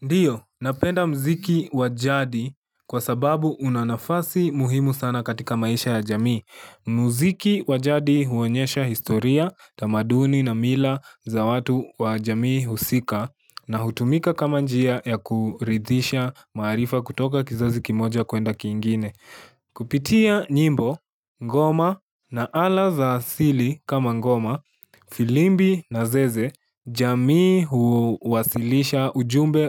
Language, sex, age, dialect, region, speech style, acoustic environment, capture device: Swahili, male, 18-24, Kenya - Nairobi Sheng-influenced, Kenya, focused; relaxed, silence, mobile / Linux / Chrome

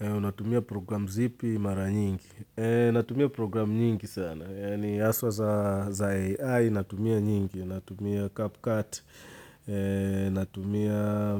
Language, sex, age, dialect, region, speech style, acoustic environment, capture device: Swahili, male, 35-44, Tanzania - Dar es Salaam, Tanzania, relaxed, silence, mobile / Linux / Chrome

